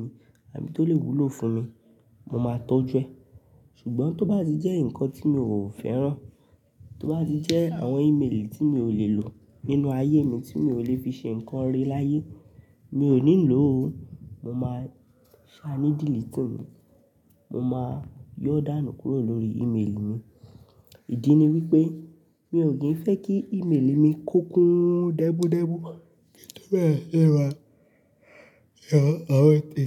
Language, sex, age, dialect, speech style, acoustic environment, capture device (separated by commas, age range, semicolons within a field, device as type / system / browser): Yoruba, male, 18-24, Nigeria - Nigerian Standard, relaxed, nature; people talking, mobile / Linux / Chrome